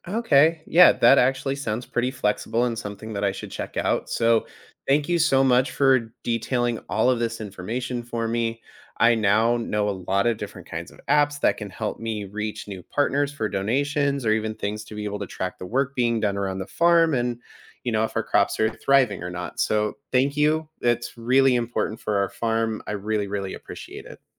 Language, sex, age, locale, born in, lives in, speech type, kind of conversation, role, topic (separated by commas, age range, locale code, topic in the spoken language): English, male, 30-34, en-US, United States, United States, read, expert-assistant, asker, What agricultural gadgets or apps improve food sustainability?